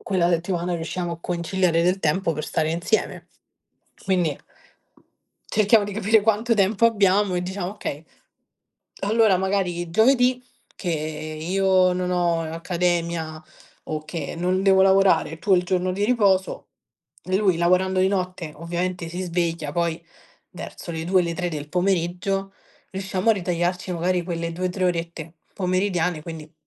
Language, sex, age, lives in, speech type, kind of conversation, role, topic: Italian, female, 20-24, Italy, conversation, podcast, guest, Come si può bilanciare la carriera con la vita di coppia?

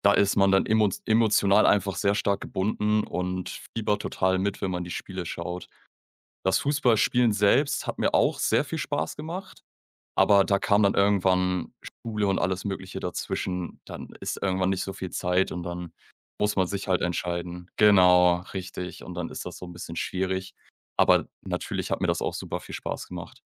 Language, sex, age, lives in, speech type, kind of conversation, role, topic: German, male, 25-29, Germany, conversation, podcast, guest, Was macht für dich ein erfüllendes Hobby aus?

